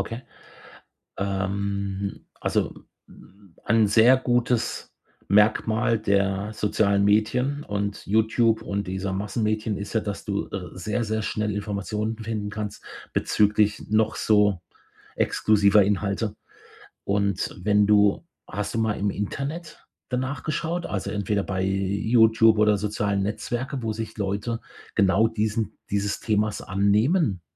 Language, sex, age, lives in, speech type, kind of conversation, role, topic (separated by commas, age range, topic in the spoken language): German, male, 55-59, Germany, conversation, advice, advisor, Wie finde ich auf Partys leichter Anschluss, ohne unsicher zu wirken?